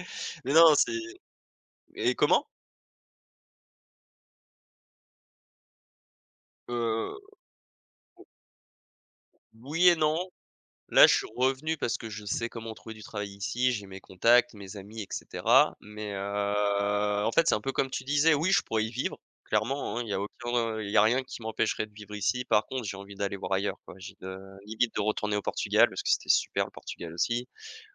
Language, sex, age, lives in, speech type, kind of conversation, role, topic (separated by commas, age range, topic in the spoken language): French, male, 25-29, France, conversation, unstructured, none, Qu’est-ce qui te plaît le plus dans ta ville ou ton quartier ?